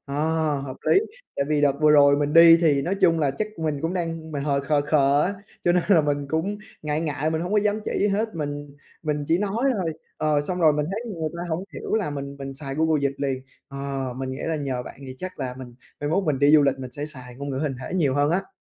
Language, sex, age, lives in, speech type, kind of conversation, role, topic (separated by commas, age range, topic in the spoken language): Vietnamese, male, 20-24, Vietnam, conversation, advice, user, Làm sao ứng phó khi gặp rắc rối trong chuyến du lịch?